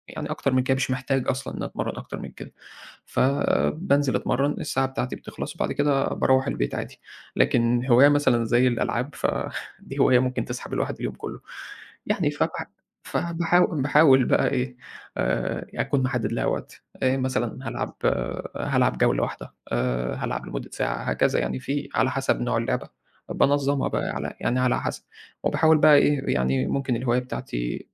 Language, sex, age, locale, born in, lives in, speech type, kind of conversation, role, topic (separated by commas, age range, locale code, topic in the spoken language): Arabic, male, 20-24, ar-EG, Egypt, Egypt, conversation, podcast, guest, إزاي بتنظم وقتك عشان تلاقي وقت لهوايتك؟